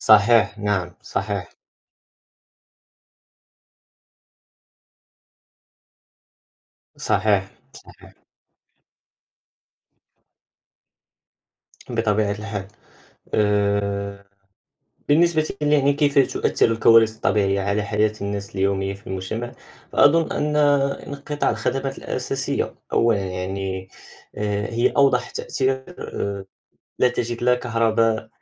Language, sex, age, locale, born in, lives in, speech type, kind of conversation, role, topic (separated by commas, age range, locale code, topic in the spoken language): Arabic, male, 18-19, ar-MA, Morocco, France, conversation, unstructured, none, إزاي الكوارث الطبيعية ممكن تتسبب في انهيار المجتمعات؟